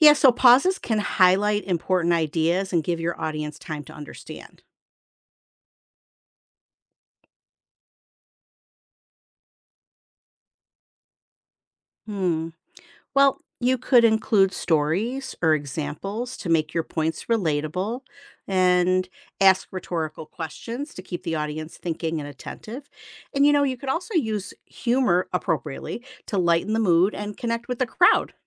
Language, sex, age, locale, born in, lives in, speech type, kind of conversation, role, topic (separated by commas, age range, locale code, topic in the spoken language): English, female, 55-59, en-US, United States, United States, read, expert-assistant, expert, How can I improve my public speaking skills?